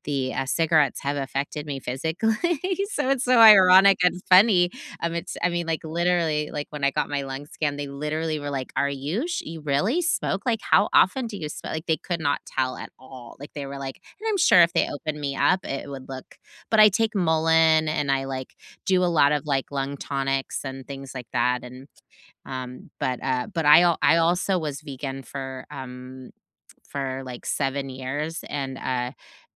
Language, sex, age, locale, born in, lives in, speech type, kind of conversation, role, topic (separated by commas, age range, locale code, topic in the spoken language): English, female, 45-49, en-US, United States, United States, conversation, unstructured, none, What’s a habit you have that surprises people when they learn about it?